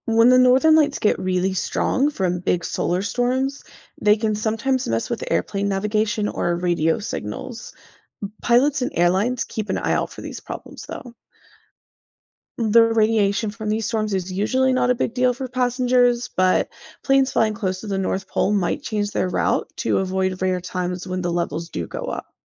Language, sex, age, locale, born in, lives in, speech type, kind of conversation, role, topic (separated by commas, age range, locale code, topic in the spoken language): English, female, 30-34, en-US, United States, United States, read, expert-assistant, expert, What causes the Northern Lights?